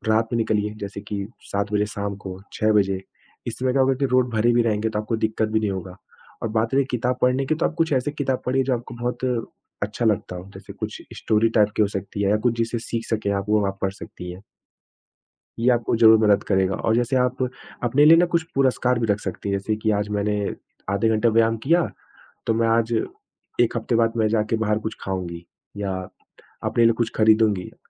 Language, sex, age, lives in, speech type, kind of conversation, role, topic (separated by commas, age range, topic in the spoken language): Hindi, male, 25-29, India, conversation, advice, advisor, नई आदतें बनाने में बार-बार विफल होना